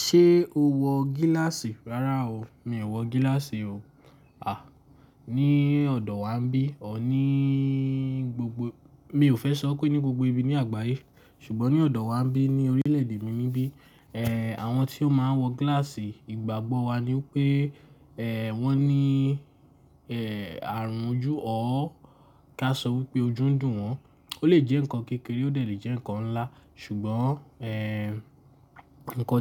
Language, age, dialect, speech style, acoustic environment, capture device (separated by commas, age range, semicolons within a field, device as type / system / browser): Yoruba, 18-24, Nigeria - Lagos Yoruba, relaxed, nature; appliances, mobile / Linux / Chrome